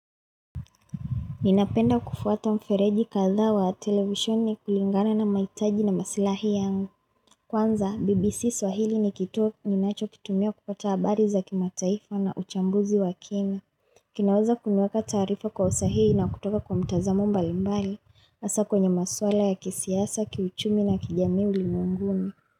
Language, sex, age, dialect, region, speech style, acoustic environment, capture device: Swahili, female, 25-34, Kenya - Mombasa, Kenya, focused, silence, mobile / Linux / Chrome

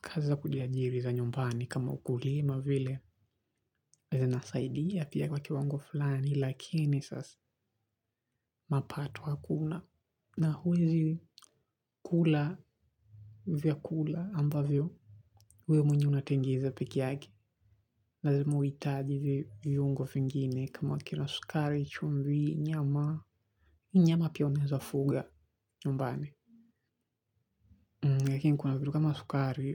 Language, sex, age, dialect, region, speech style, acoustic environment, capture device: Swahili, male, 18-24, Kenya - Mombasa, Kenya, focused, silence, mobile / Linux / Chrome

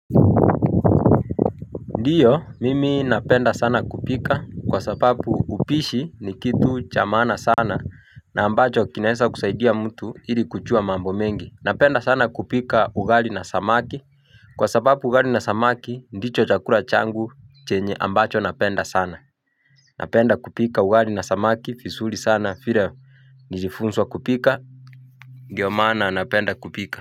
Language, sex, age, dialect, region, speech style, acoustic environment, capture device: Swahili, male, 25-34, Kenya - Mombasa, Kenya, relaxed, nature, mobile / Linux / Chrome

